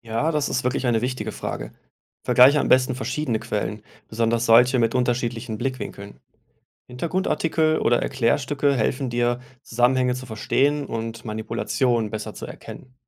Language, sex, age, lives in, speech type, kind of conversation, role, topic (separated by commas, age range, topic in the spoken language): German, male, 35-39, Germany, read, expert-assistant, expert, Wie kann ich ganz praktisch lernen, politische Entscheidungen und Meinungen besser zu hinterfragen?